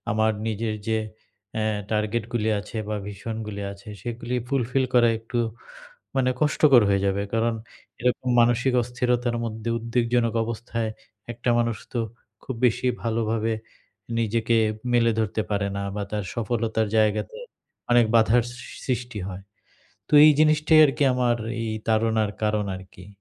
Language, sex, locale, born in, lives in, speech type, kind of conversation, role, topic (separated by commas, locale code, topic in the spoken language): Bengali, male, bn-BD, Bangladesh, Bangladesh, conversation, advice, user, আমি প্রতিদিনের ছোট ছোট উদ্বেগগুলোকে কীভাবে স্বাভাবিকভাবে গ্রহণ করে মোকাবিলা করতে পারি?